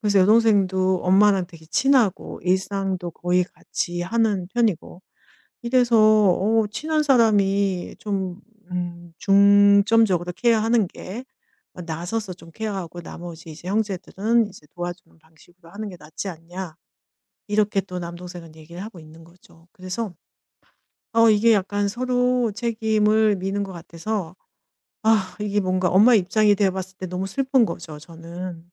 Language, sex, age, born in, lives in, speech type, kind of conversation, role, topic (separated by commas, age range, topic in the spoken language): Korean, female, 50-54, South Korea, Germany, conversation, advice, user, 가족 구성원의 병환으로 돌봄 책임이 늘어난 상황에서 어떻게 대처하면 좋을까요?